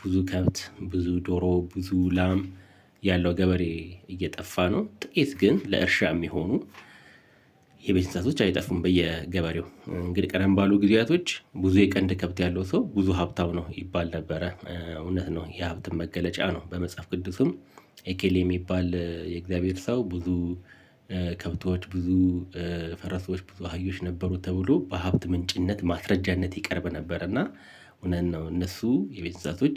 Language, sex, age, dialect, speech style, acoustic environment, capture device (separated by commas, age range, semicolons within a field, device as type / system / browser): Amharic, male, 45-54, Ethiopia - Addis Ababa, relaxed, silence, mobile / Linux / Chrome